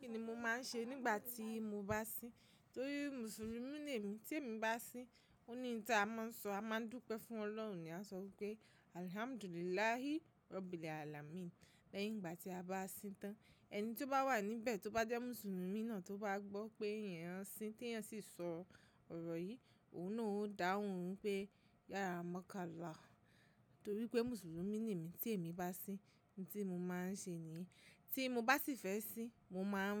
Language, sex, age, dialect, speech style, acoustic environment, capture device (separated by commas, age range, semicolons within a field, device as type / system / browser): Yoruba, female, 25-34, Nigeria - Lagos Yoruba, relaxed, silence, mobile / macOS / Safari